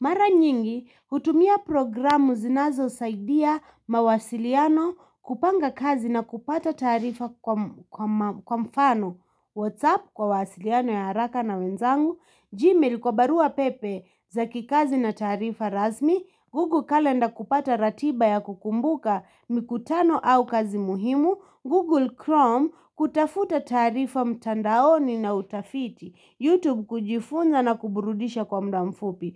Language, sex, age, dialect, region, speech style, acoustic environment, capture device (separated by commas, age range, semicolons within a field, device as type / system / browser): Swahili, female, 25-34, Kenya - Nairobi Sheng-influenced, Kenya, happy, people talking, mobile / Linux / Chrome